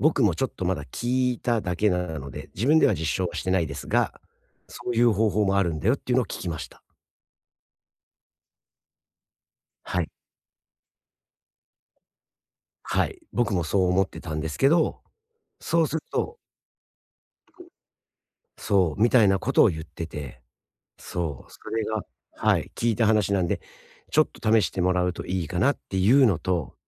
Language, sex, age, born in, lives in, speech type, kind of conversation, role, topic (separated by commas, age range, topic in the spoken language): Japanese, male, 45-49, Japan, United States, conversation, advice, advisor, 日常で簡単にできる筋肉のリラックス方法は何ですか？